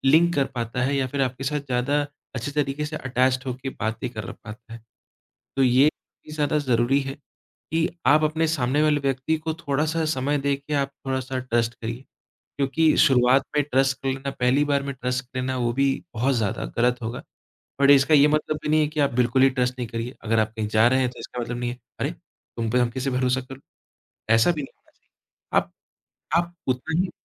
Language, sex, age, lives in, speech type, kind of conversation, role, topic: Hindi, male, 25-29, India, conversation, podcast, guest, Kisi se pehli baar baat karte waqt aap bharosa kaise paida karte hain?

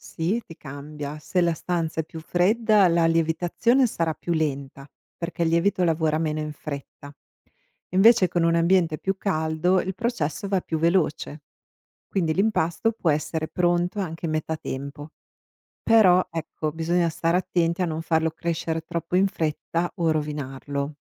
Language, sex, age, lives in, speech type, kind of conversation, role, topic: Italian, female, 45-49, United States, read, expert-assistant, expert, Perché il pane lievita?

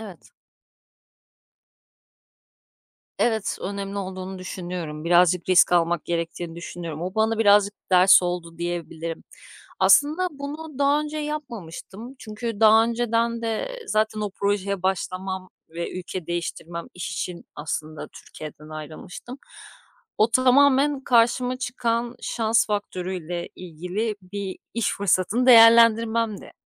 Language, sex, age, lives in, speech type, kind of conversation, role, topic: Turkish, female, 35-39, Greece, conversation, podcast, guest, Şans ve hazırlık bir araya geldiğinde başına gelenlere bir örnek verebilir misin?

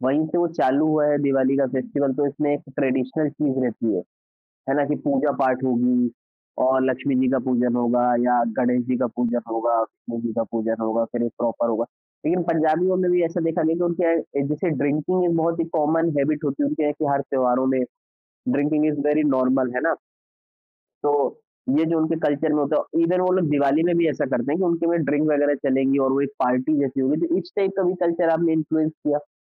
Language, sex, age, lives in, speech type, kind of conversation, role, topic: Hindi, male, 25-29, India, conversation, podcast, host, Kaunsi family tradition tumne do cultures ko mila kar banayi hai, aur woh kaise shuru hui?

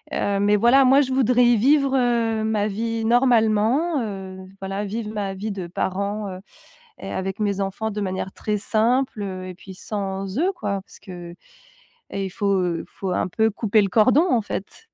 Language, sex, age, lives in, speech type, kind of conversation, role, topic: French, female, 35-39, France, conversation, advice, user, Comment poser des limites à des parents envahissants ?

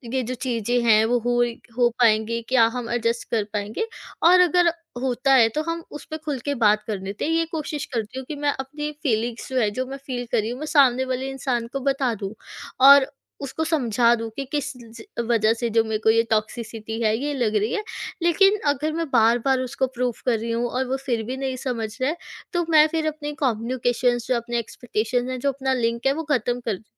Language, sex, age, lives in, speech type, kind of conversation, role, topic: Hindi, female, 20-24, India, conversation, podcast, guest, Toxic dosti se nikalne ke liye tum kya approach apnaate ho?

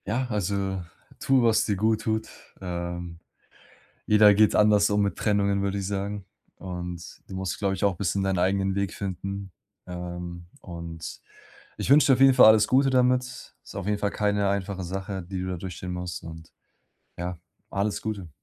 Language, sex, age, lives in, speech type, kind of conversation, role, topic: German, male, 20-24, Germany, conversation, advice, advisor, Wie kann ich mit Social-Media-Erinnerungen an meinen Ex, dem ständigen Kontrollieren und dem damit verbundenen Schmerz besser umgehen?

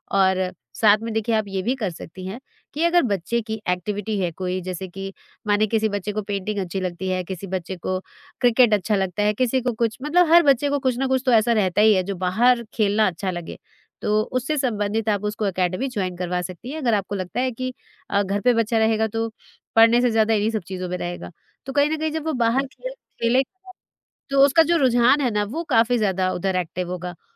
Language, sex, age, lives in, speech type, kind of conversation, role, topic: Hindi, female, 40-44, India, conversation, advice, advisor, बच्चों की परवरिश के तरीकों को लेकर माता-पिता से होने वाले मतभेद कैसे सुलझाएँ?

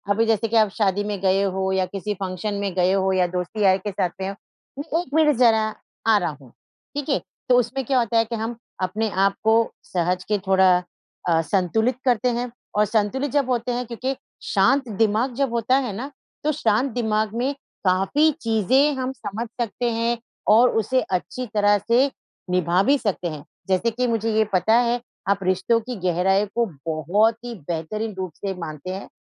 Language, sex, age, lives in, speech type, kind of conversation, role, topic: Hindi, female, 50-54, India, conversation, advice, advisor, परिवार के साथ रहते हुए रिश्तों में तनाव संभालना और अपनी सीमाएँ तय करना मेरे लिए इतना मुश्किल क्यों हो रहा है?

